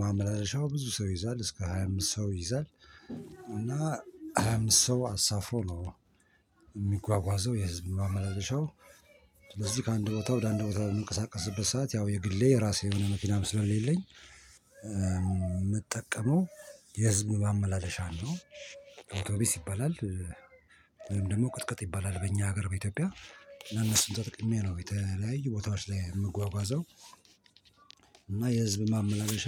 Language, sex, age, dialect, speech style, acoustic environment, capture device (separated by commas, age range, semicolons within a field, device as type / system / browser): Amharic, male, 45-54, Ethiopia - Addis Ababa, tired, people talking, mobile / Linux / Chrome